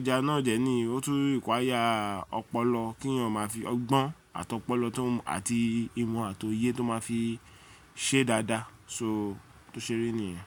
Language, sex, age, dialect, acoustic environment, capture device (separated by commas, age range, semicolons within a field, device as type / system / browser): Yoruba, male, 35-44, Nigeria - Lagos Yoruba, silence, mobile / Linux / Chrome